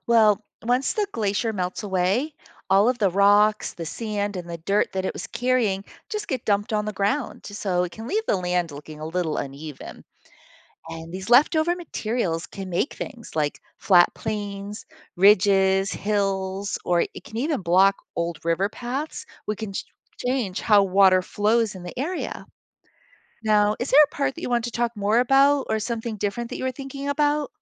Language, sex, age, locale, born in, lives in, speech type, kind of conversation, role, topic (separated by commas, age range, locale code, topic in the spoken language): English, female, 55-59, en-US, United States, United States, read, expert-assistant, expert, How do glaciers shape the land as they move?